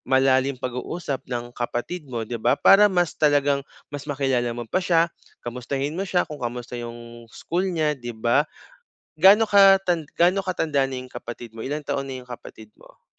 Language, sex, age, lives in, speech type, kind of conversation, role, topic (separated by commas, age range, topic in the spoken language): Filipino, male, 25-29, Philippines, conversation, advice, advisor, Paano ko maipagpapatuloy at matatapos ang dati kong nasimulan ngunit hindi natapos na gawain?